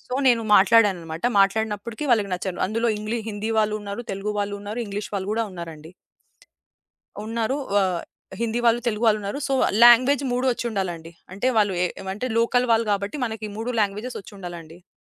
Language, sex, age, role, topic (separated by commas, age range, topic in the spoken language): Telugu, female, 25-29, guest, మీ జీవితంలో మీరు తీసుకున్న ఏ నిర్ణయంపై మీకు ఎక్కువ పశ్చాత్తాపం ఉంది?